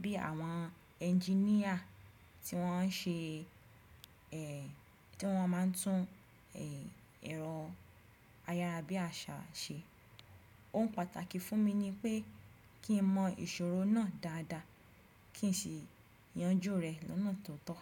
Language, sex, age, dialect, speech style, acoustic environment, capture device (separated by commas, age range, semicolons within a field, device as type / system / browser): Yoruba, female, 25-34, Nigeria - Ibadan, focused; relaxed, silence; nature, desktop / Windows / Chrome